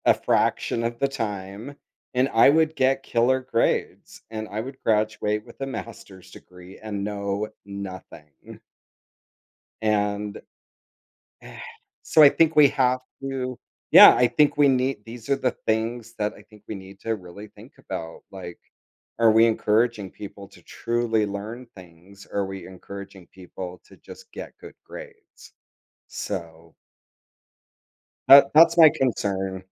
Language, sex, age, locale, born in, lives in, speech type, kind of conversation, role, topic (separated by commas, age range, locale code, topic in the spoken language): English, male, 50-54, en-US, United States, United States, conversation, podcast, guest, In what ways has technology changed our daily routines for work and learning?